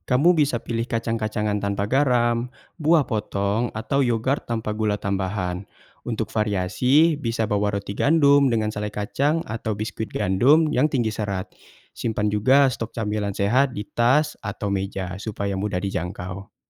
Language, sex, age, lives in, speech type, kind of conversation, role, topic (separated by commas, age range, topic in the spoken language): Indonesian, male, 20-24, Indonesia, read, expert-assistant, expert, Bagaimana cara memilih pola makan yang cocok untuk gaya hidup saya?